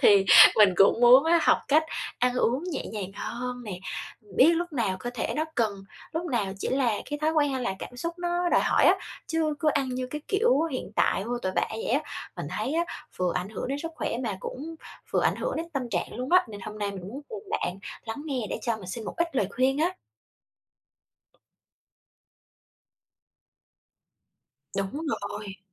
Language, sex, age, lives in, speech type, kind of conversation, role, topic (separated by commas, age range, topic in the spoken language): Vietnamese, female, 25-29, Japan, conversation, advice, user, Làm sao để nhận biết rõ hơn tín hiệu đói và no của cơ thể khi ăn?